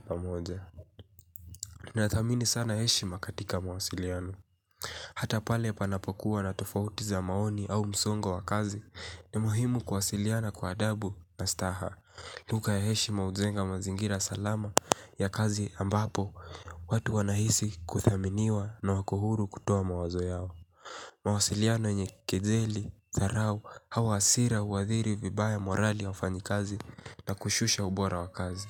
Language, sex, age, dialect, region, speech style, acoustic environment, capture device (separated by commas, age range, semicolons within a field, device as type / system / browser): Swahili, male, 18-24, Kenya - Mombasa, Kenya, relaxed, silence, mobile / Linux / Chrome